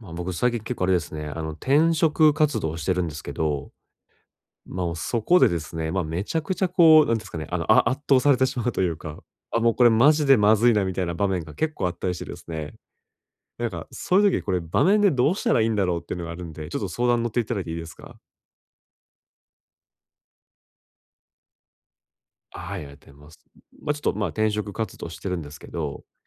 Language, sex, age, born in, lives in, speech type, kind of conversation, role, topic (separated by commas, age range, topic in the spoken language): Japanese, male, 30-34, Japan, Japan, conversation, advice, user, 圧倒されたときにすぐ落ち着くためのグラウンディング方法は何ですか？